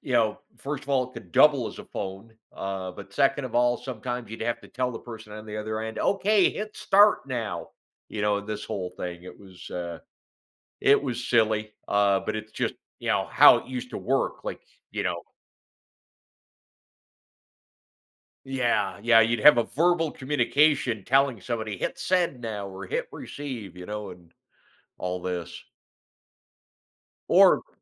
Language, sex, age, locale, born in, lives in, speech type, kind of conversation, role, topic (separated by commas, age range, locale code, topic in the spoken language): English, male, 55-59, en-US, United States, United States, conversation, podcast, host, In what ways has the internet transformed our everyday experiences and relationships?